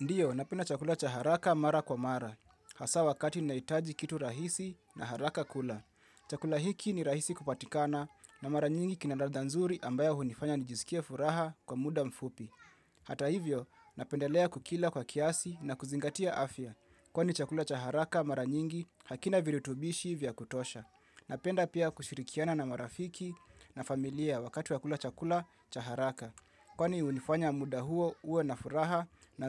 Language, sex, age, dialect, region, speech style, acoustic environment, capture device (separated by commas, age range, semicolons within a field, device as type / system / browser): Swahili, male, 25-34, Kenya - Nairobi Sheng-influenced, Kenya, relaxed; focused, people talking; music; silence; appliances, mobile / Linux / Chrome